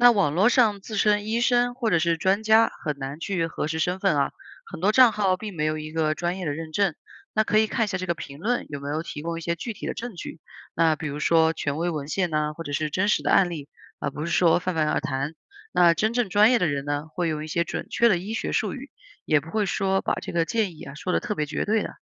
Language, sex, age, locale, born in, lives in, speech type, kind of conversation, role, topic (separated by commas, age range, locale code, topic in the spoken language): Chinese, female, 30-34, zh-CN, China, United States, read, expert-assistant, expert, 面对各种健康建议，有哪些简单的方法可以判断真假？